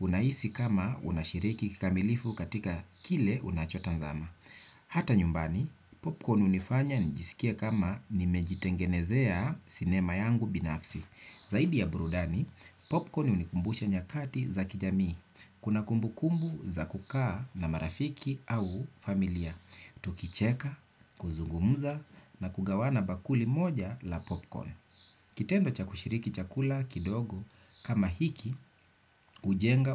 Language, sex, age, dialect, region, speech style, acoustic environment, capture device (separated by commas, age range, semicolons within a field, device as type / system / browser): Swahili, male, 35-44, Kenya - Nairobi Sheng-influenced, Kenya, relaxed; focused, nature, desktop / Windows / Chrome